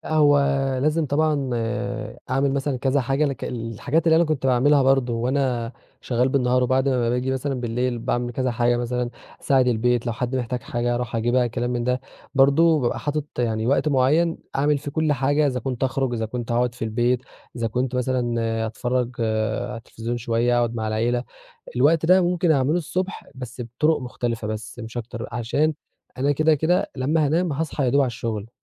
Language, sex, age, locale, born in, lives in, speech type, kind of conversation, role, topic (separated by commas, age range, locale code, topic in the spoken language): Arabic, male, 20-24, ar-EG, Egypt, Egypt, conversation, podcast, guest, إزاي تحافظ على مواعيد نوم منتظمة وتخلي نومك جودته كويسة؟